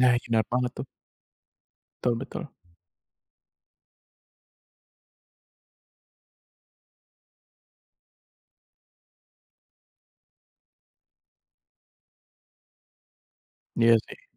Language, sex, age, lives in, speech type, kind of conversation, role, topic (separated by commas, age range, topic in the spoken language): Indonesian, male, 25-29, Indonesia, conversation, advice, user, Bagaimana sebaiknya saya menyikapi keinginan pindah kerja atau pindah tempat tinggal jika saya takut kehilangan stabilitas?